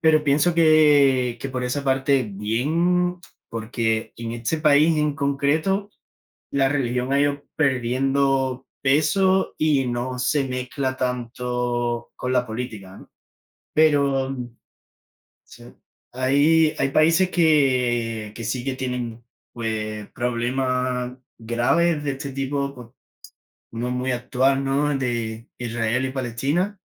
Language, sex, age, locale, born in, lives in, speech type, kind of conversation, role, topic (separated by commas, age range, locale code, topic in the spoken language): Spanish, male, 20-24, es-ES, Spain, Spain, conversation, unstructured, none, ¿Es peligroso mezclar la religión y la política?